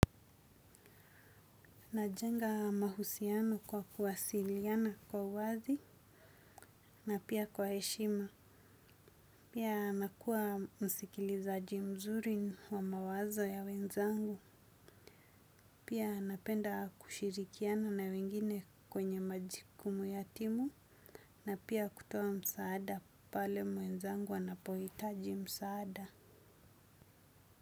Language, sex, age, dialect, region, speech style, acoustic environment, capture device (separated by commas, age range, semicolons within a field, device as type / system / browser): Swahili, female, 25-34, Kenya - Mombasa, Kenya, happy; excited; focused, nature; silence; traffic; appliances, desktop / Windows / Chrome